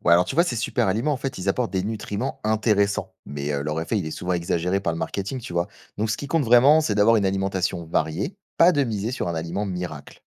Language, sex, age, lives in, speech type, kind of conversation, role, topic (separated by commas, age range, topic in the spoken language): French, male, 20-24, France, read, expert-assistant, expert, Les superaliments tiennent-ils vraiment leurs promesses ou s’agit-il surtout de marketing ?